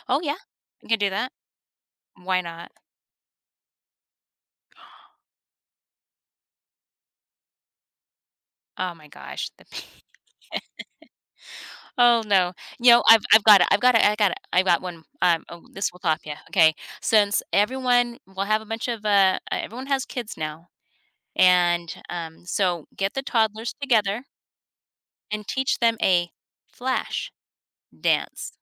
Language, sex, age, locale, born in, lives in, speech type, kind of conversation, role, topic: English, female, 50-54, en-US, United States, United States, conversation, advice, user, How can I recover gracefully after making a social faux pas at a family event?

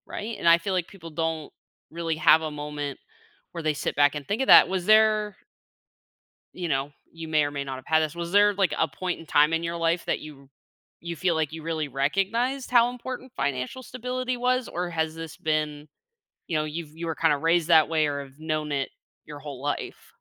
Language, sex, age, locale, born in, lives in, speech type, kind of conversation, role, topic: English, female, 25-29, en-US, United States, United States, conversation, advice, advisor, How can I break my long-term goal into daily steps?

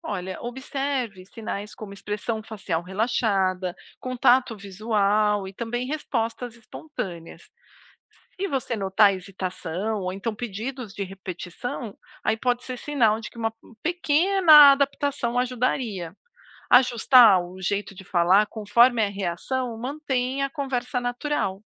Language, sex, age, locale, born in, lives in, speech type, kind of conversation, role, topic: Portuguese, female, 40-44, pt-BR, Brazil, United States, read, expert-assistant, expert, Qual é um jeito prático de perceber sotaques diferentes no português do Brasil?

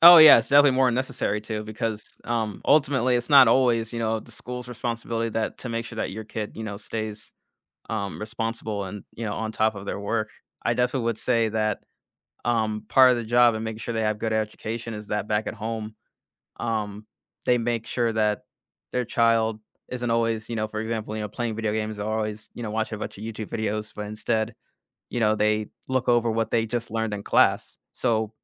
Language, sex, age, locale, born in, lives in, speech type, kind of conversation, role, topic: English, male, 25-29, en-US, United States, United States, conversation, podcast, guest, What impact does homework have on students’ learning and well-being?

